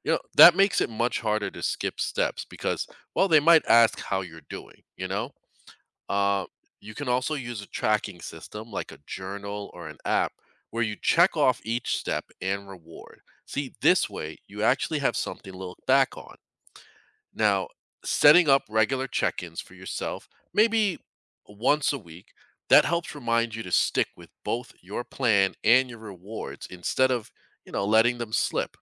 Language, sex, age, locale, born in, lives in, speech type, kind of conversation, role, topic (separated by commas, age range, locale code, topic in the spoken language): English, male, 40-44, en-US, United States, United States, read, expert-assistant, expert, What are the benefits of setting personal goals?